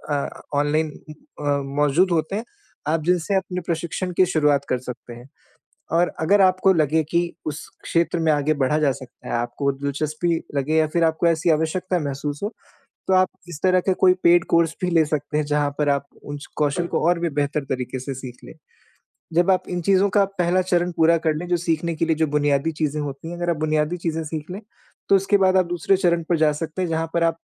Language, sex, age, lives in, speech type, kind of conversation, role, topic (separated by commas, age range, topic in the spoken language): Hindi, male, 25-29, India, conversation, advice, advisor, नए कौशल सीखकर मैं अपना करियर कैसे बदल सकता हूँ?